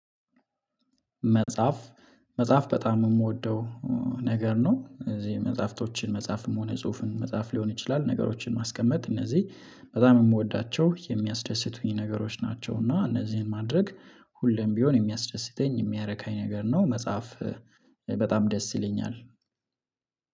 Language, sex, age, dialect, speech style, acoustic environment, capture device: Amharic, male, 25-34, Ethiopia - Addis Ababa, relaxed; focused, silence, mobile / Linux / Chrome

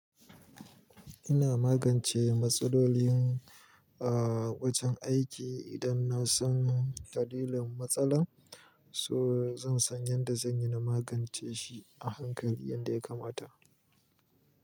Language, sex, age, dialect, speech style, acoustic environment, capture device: Hausa, male, 25-34, Nigeria - Kano, happy, silence, mobile / Linux / Chrome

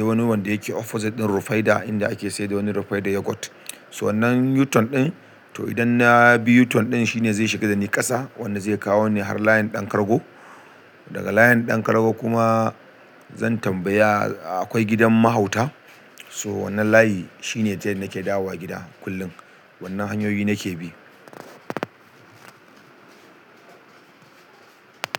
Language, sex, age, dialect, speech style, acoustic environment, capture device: Hausa, male, 35-44, Nigeria - Kano, happy; excited; focused, silence; nature, desktop / Linux / Chrome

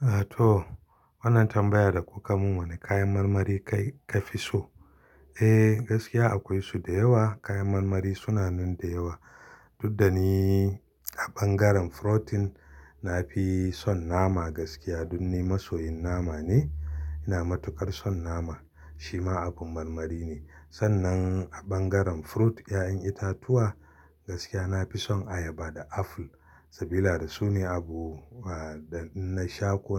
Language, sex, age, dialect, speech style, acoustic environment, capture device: Hausa, male, 35-44, Nigeria - Kano, excited; happy; relaxed, silence, mobile / Linux / Chrome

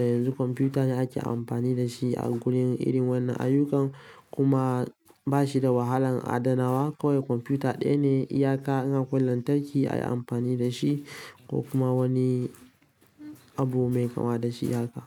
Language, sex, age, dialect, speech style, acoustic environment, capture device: Hausa, male, 18-24, Nigeria - Kano, relaxed, silence; nature, mobile / Linux / Chrome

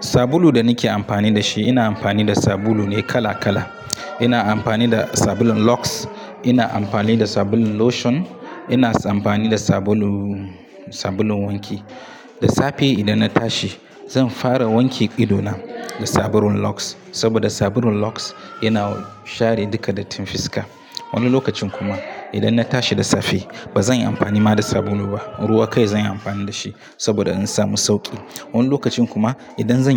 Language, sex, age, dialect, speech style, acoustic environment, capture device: Hausa, male, 25-34, Nigeria - Katsina, happy, people talking; nature, mobile / Linux / Chrome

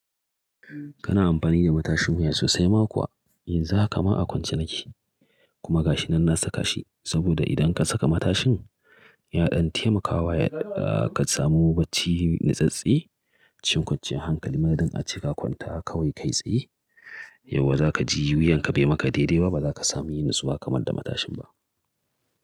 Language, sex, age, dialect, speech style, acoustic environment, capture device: Hausa, male, 35-44, Nigeria - Kano, happy, nature, mobile / Linux / Chrome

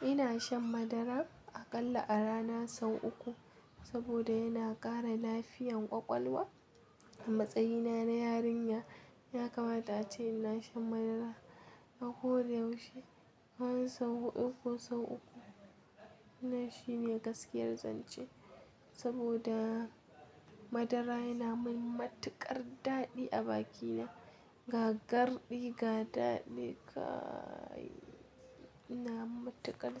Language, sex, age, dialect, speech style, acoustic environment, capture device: Hausa, female, 18-24, Nigeria - Kano, happy, nature; people talking, mobile / Linux / Chrome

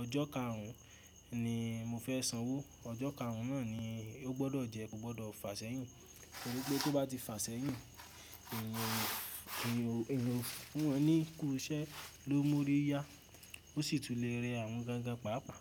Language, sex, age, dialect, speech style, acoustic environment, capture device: Yoruba, male, 18-24, Nigeria - Ibadan, happy; excited, silence, mobile / Linux / Chrome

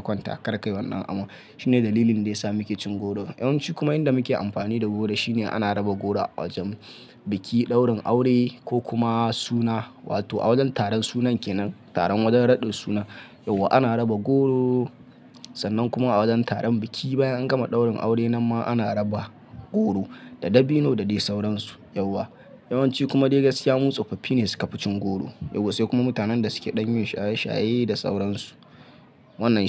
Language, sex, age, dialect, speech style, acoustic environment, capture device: Hausa, male, 25-34, Nigeria - Kano, focused, nature, mobile / Linux / Chrome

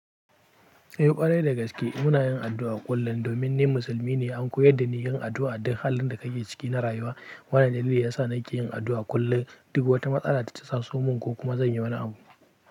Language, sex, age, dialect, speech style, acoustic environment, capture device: Hausa, male, 18-24, Nigeria - Pidgin-influenced, happy, people talking; silence, mobile / Linux / Chrome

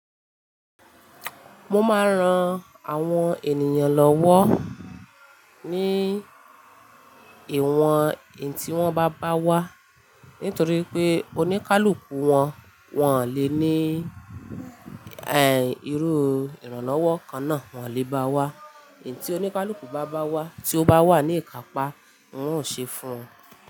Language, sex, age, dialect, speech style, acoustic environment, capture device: Yoruba, female, 25-34, Nigeria - Ibadan, excited, nature, mobile / Linux / Chrome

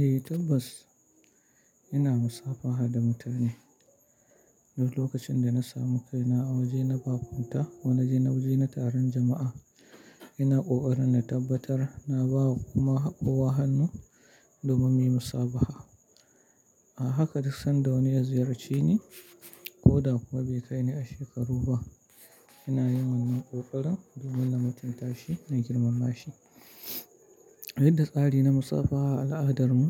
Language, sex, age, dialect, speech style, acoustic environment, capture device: Hausa, male, 35-44, Nigeria - Kano, relaxed, silence, mobile / Linux / Chrome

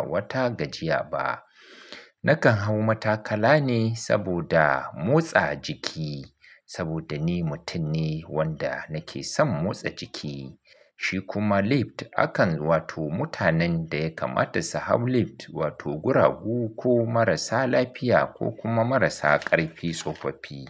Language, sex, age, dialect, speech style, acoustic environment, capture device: Hausa, male, 35-44, Nigeria - Kano, focused; relaxed, nature, mobile / Linux / Chrome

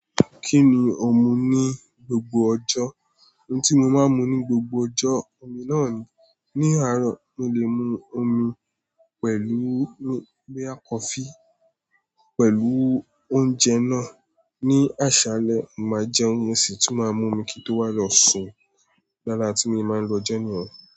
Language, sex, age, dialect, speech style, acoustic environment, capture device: Yoruba, male, 18-24, Nigeria - Nigerian Standard, relaxed, silence, mobile / Android / Chrome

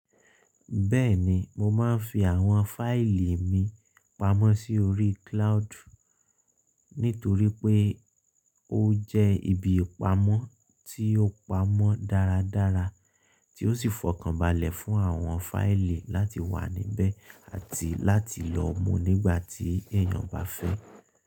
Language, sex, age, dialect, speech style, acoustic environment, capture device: Yoruba, male, 25-34, Nigeria - Ibadan, focused, appliances, mobile / Linux / Chrome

